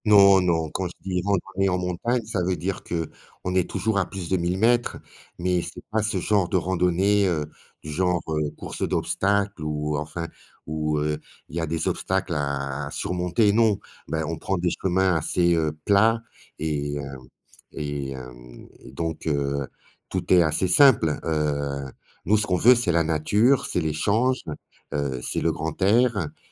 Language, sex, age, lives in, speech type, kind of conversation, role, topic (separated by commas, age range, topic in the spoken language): French, male, 55-59, Portugal, conversation, podcast, guest, Est-ce que ce loisir t’aide à décompresser, et comment ?